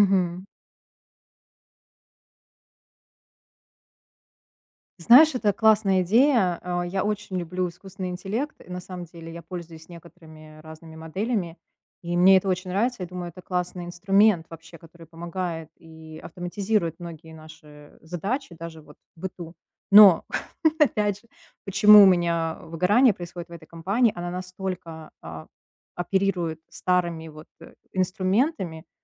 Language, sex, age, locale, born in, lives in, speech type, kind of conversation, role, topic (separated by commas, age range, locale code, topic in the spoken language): Russian, female, 35-39, ru-RU, Russia, United States, conversation, advice, user, Как понять, что у меня профессиональное выгорание и пора менять работу?